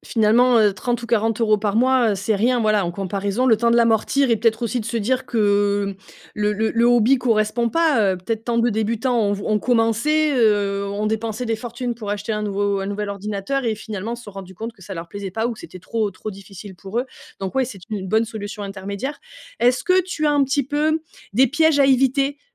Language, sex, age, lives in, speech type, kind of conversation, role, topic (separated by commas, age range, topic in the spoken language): French, female, 35-39, France, conversation, podcast, host, Quel premier projet recommandes-tu à un débutant complet ?